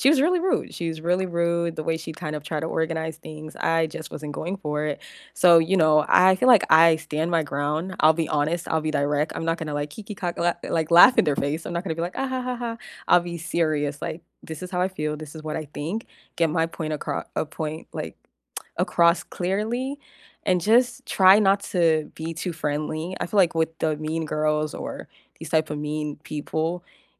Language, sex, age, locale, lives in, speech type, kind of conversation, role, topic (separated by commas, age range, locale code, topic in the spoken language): English, female, 25-29, en-US, United States, conversation, unstructured, none, What does being authentic mean to you?